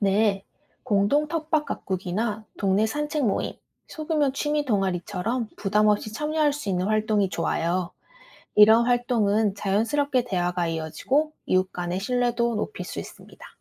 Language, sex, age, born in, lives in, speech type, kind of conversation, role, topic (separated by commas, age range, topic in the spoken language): Korean, female, 30-34, South Korea, United States, read, expert-assistant, expert, 동네 사람들이 더 자주 만나고 친해질 수 있는 새로운 방법이 있다면, 어떤 아이디어를 떠올릴 수 있을까요?